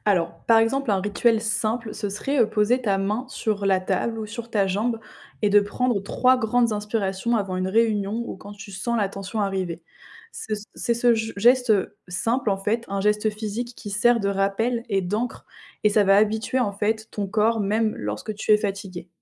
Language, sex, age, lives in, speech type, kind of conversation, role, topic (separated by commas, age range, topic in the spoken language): French, female, 20-24, France, read, expert-assistant, expert, Comment l’intelligence émotionnelle peut-elle m’aider à mieux gérer mes relations au travail ou dans la vie quotidienne ?